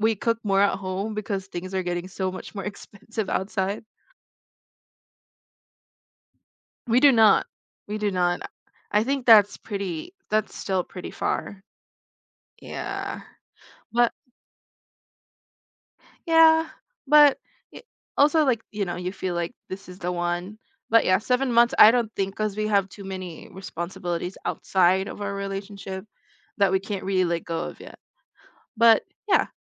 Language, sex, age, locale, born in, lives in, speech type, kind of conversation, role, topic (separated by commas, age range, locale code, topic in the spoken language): English, female, 20-24, en-US, Philippines, United States, conversation, unstructured, none, How do I start a food tradition with friends that will stick?